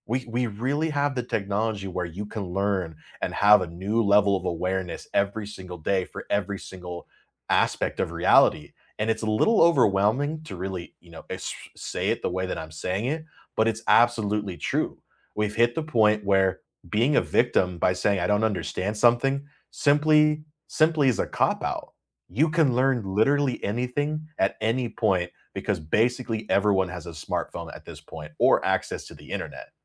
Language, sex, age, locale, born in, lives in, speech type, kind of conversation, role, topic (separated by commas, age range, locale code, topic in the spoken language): English, male, 30-34, en-US, United States, United States, conversation, unstructured, none, Which classroom technology tools and apps have genuinely made teaching or learning easier for you, and how?